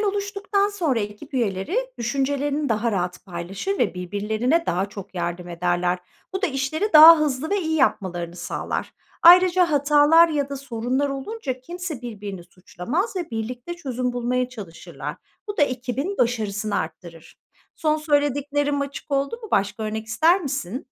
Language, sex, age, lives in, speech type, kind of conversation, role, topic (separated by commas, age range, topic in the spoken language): Turkish, female, 40-44, Netherlands, read, expert-assistant, expert, Takım çalışmasının başarıya ulaşmadaki rolü